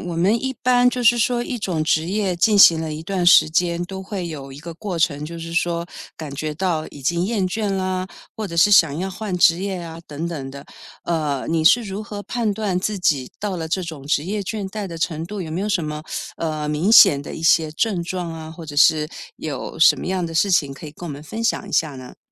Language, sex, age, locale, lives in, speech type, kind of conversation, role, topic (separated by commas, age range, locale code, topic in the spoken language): Chinese, female, 55-59, zh-TW, United States, conversation, podcast, host, 遇到职业倦怠时，你会如何调整自己？